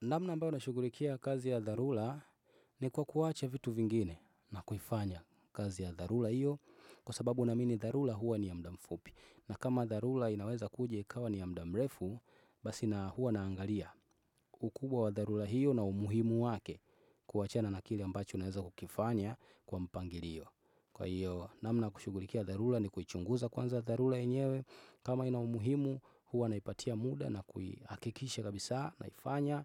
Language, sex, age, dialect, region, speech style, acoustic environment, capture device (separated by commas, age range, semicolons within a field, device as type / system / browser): Swahili, male, 25-34, Tanzania - Dar es Salaam, Tanzania, focused, people talking, desktop / Windows / Chrome